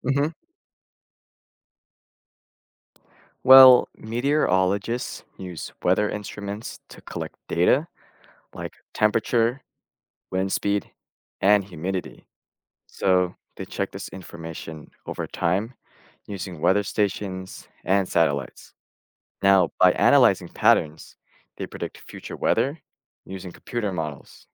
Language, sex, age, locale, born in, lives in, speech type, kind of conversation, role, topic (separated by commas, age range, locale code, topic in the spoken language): English, male, 20-24, en-US, United States, United States, read, expert-assistant, expert, How do meteorologists predict the weather?